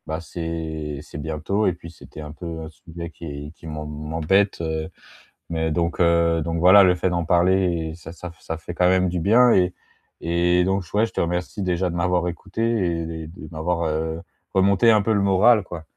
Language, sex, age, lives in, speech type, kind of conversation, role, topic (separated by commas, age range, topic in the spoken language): French, male, 25-29, France, conversation, advice, user, Comment mieux concilier mon travail et mon bien-être personnel au quotidien ?